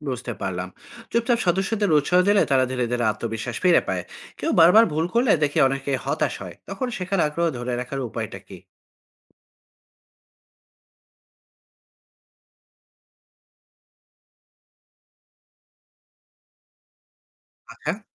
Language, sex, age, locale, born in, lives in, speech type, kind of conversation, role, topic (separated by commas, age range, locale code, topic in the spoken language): Bengali, male, 40-44, bn-BD, Bangladesh, Finland, read, expert-assistant, asker, ভিডিও গেম খেলার দক্ষতা দ্রুত বাড়ানোর সবচেয়ে কার্যকর কৌশলগুলো কী কী, এবং এগুলো কীভাবে আমাদের অন্যান্য জীবনের দক্ষতার বিকাশে সাহায্য করতে পারে?